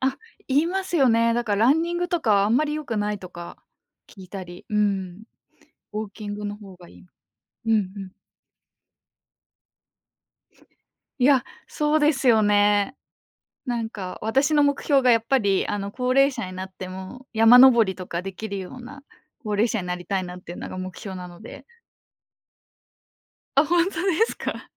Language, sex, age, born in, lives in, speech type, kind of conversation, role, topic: Japanese, female, 25-29, Japan, Japan, conversation, podcast, guest, 小さな習慣を続けたことで、大きく変わった経験はありますか？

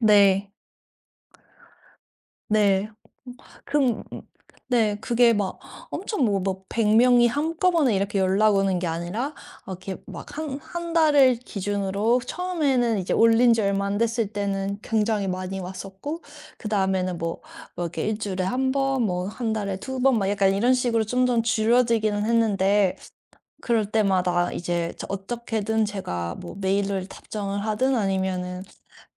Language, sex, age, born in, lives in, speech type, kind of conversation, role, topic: Korean, female, 35-39, South Korea, United States, conversation, podcast, guest, 자신감이 없을 때는 어떻게 기운을 내시나요?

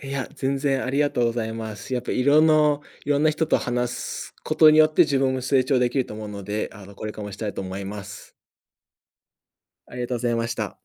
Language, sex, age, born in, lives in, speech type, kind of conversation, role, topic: Japanese, male, 30-34, United States, United States, conversation, podcast, guest, 行き詰まったとき、まず何をしますか？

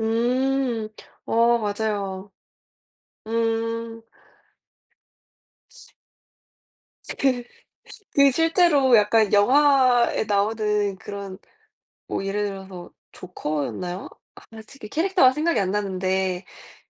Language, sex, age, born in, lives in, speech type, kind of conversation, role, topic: Korean, female, 20-24, South Korea, United States, conversation, unstructured, none, 좋아하는 영화 장르는 무엇이며, 그 이유는 무엇인가요?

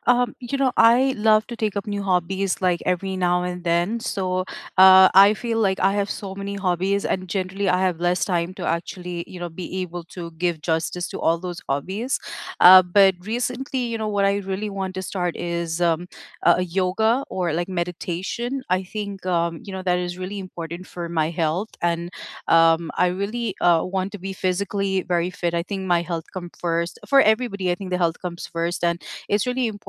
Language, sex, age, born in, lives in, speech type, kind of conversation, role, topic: English, female, 35-39, United States, United States, conversation, unstructured, none, What new hobby have you started recently, and how has the experience been so far?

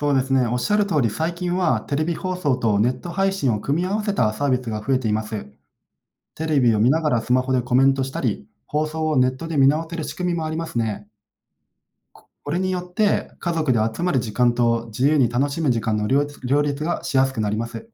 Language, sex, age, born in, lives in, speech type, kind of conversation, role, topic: Japanese, male, 30-34, Japan, Japan, read, expert-assistant, expert, テレビ放送とネット配信の違いは何ですか？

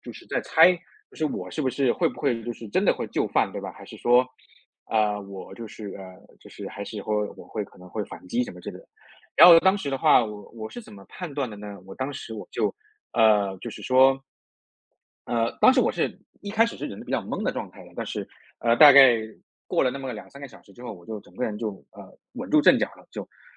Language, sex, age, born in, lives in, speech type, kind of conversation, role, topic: Chinese, male, 30-34, China, United States, conversation, podcast, guest, 在网上你怎么判断对方是否可信？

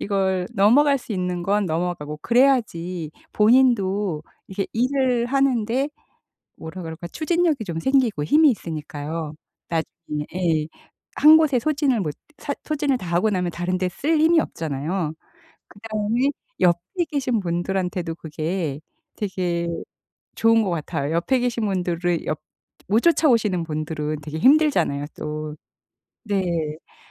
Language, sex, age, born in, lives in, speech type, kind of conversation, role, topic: Korean, female, 55-59, South Korea, United States, conversation, podcast, host, 완벽주의에서 벗어나게 된 경험을 이야기해 주실 수 있나요?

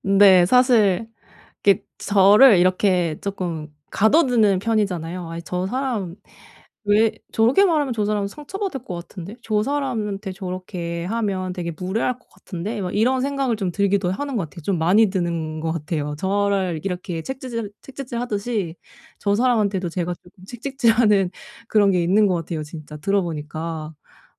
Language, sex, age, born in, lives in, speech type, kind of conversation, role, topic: Korean, female, 35-39, South Korea, Germany, conversation, advice, user, 주변의 시선 때문에 하고 싶은 일을 못 할 때, 어떻게 하면 흔들리지 않을 수 있을까요?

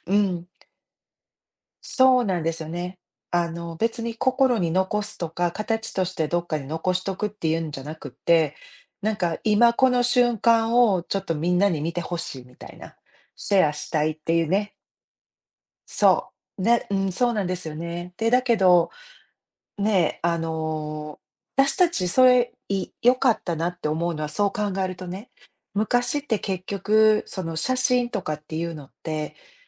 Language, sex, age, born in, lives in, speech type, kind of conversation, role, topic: Japanese, female, 50-54, Japan, United States, conversation, unstructured, none, 思い出を心に残すために、どんなことをしていますか？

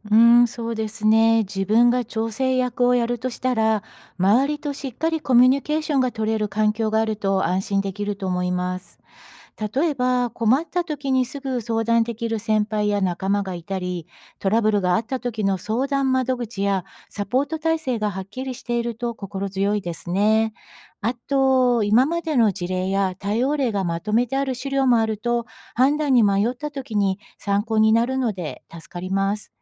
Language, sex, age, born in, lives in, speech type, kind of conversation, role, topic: Japanese, female, 55-59, Japan, United States, read, expert-assistant, asker, 公務員の役割は身近なものに例えると何ですか？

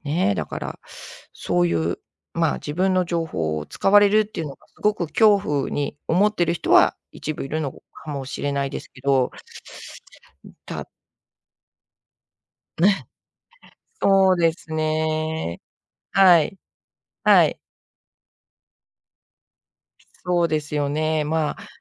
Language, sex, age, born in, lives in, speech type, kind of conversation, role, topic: Japanese, female, 55-59, Japan, Japan, conversation, unstructured, none, 自分の個人情報がAIに利用されることに不安はありますか？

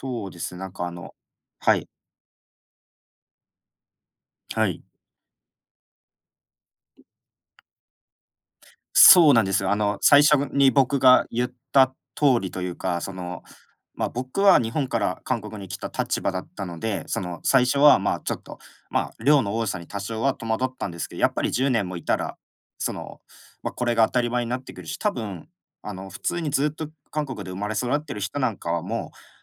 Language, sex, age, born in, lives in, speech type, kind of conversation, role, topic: Japanese, male, 25-29, Japan, Japan, conversation, unstructured, none, テクノロジーによる監視社会は嫌だと思いますか？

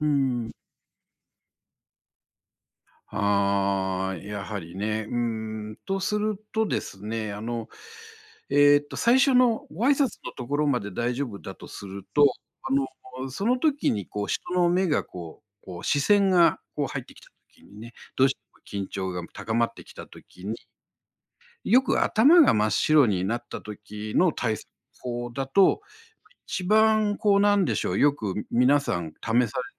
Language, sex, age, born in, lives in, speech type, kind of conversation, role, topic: Japanese, male, 60-64, Japan, Japan, conversation, advice, advisor, 人前で話すと緊張して声が震え、話すことを避けてしまうのですが、どうすれば克服できますか？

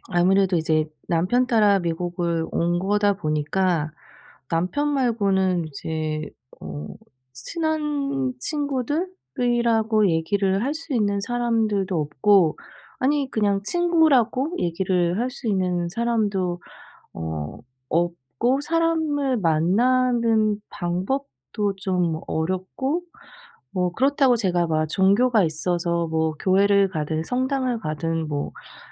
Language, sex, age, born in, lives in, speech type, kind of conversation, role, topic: Korean, female, 40-44, South Korea, United States, conversation, advice, user, 새로운 환경에서 친한 친구를 쉽게 사귀려면 어떻게 해야 하나요?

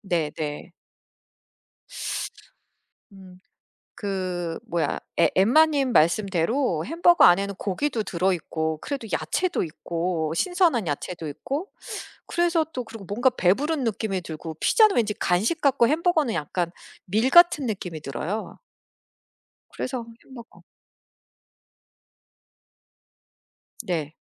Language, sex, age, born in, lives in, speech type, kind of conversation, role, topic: Korean, female, 45-49, United States, United States, conversation, unstructured, none, 피자와 햄버거 중에서 어떤 음식을 더 좋아하시나요?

